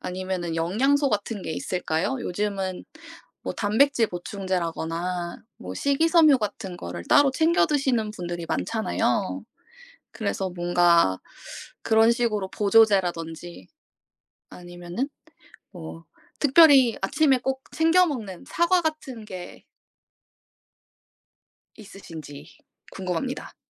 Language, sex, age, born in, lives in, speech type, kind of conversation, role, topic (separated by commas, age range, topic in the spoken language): Korean, female, 25-29, South Korea, South Korea, conversation, unstructured, none, 아침 식사와 저녁 식사 중 어느 식사가 더 중요하다고 생각하시나요?